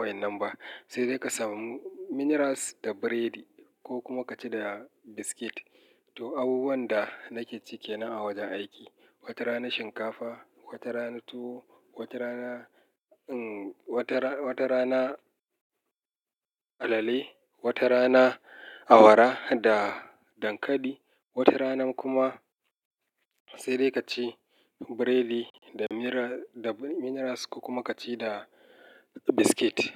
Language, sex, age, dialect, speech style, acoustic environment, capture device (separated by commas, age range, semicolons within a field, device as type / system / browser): Hausa, male, 35-44, Nigeria - Kano, relaxed; happy; excited, nature, mobile / Linux / Chrome